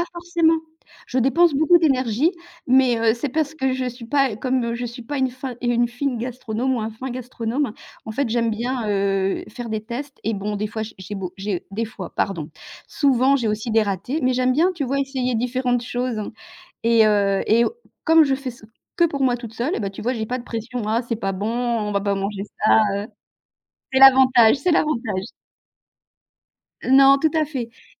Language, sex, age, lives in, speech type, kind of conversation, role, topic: French, female, 55-59, France, conversation, unstructured, none, Comment un bon repas peut-il changer ta journée ?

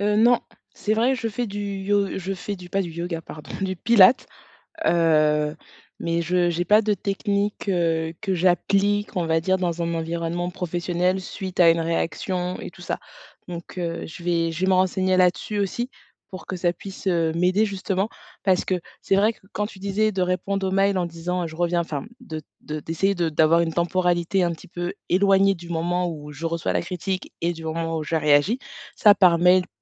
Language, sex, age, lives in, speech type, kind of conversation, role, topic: French, female, 35-39, France, conversation, advice, user, Comment recevoir des critiques sans les prendre personnellement ?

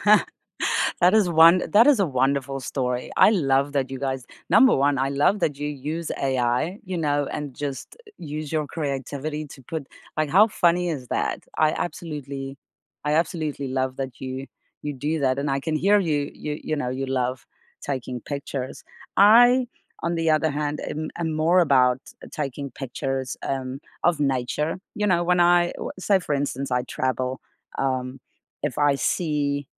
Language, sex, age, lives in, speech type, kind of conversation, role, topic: English, female, 35-39, United States, conversation, unstructured, none, What is the last photo on your phone that you love, and what makes it meaningful to you?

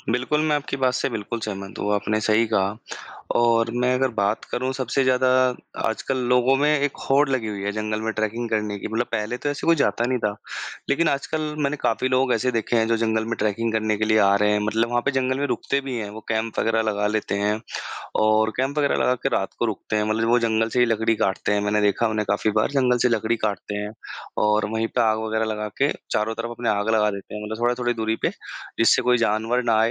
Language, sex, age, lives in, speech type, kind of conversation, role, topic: Hindi, male, 25-29, India, conversation, unstructured, none, क्या आपने कभी जंगल में पैदल यात्रा की है, और आपका अनुभव कैसा रहा?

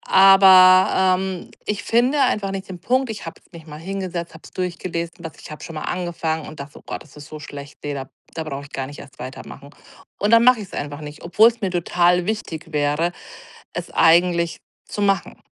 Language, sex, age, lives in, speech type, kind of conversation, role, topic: German, female, 40-44, Germany, conversation, advice, user, Wie kann ich den Perfektionsdruck loslassen und einfach anfangen?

